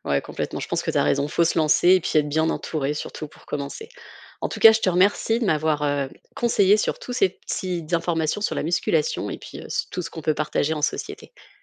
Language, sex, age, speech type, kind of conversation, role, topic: French, female, 35-39, read, expert-assistant, asker, Pourquoi la musculation suscite-t-elle autant d'avis partagés en société ?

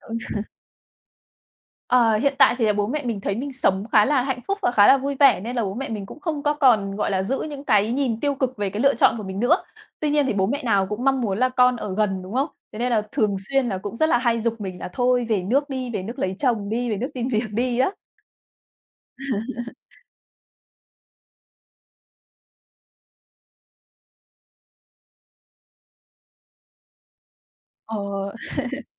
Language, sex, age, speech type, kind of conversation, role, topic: Vietnamese, female, 30-34, conversation, podcast, guest, Gia đình ảnh hưởng thế nào đến những quyết định lớn trong đời bạn?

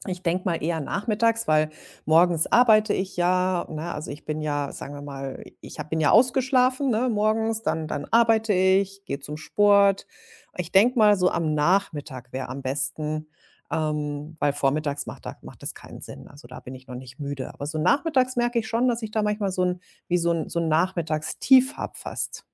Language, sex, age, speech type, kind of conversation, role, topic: German, female, 40-44, conversation, advice, user, Wie kann ich erholsame Nickerchen im Alltag besser einplanen, und welche Umgebung und Methoden eignen sich dafür am besten?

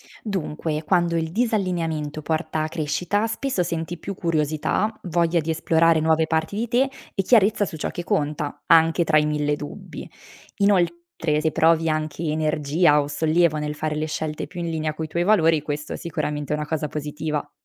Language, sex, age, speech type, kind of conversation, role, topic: Italian, female, 25-29, read, expert-assistant, expert, Scegliamo i nostri obiettivi liberamente, o sono influenzati più dalle aspettative degli altri?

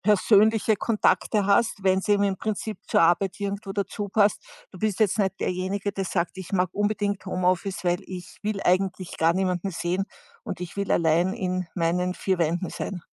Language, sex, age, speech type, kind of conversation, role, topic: German, female, 60-64, conversation, podcast, host, Wie wichtig ist dir das Arbeiten im Homeoffice?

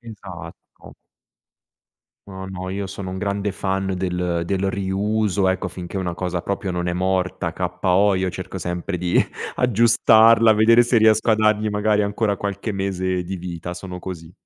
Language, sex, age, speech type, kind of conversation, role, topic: Italian, male, 35-39, conversation, podcast, guest, Quali piccoli gesti verdi rendono la vita più leggera?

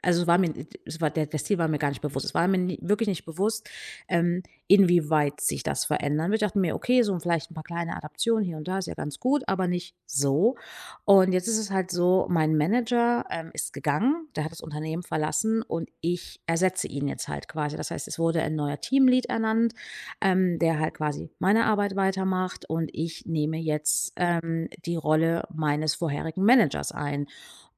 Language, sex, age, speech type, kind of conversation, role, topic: German, female, 35-39, conversation, advice, user, Wie fühlst du dich nach deiner Beförderung mit mehr Verantwortung, und wobei bist du dir in deinem neuen Führungsstil unsicher?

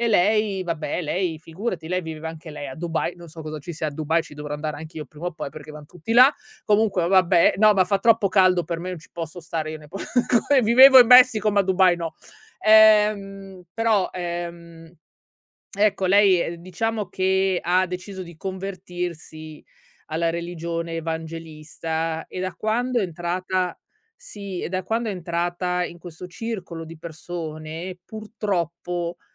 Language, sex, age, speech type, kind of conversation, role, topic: Italian, female, 35-39, conversation, podcast, guest, Come fai a tenere vive le amicizie nel tempo?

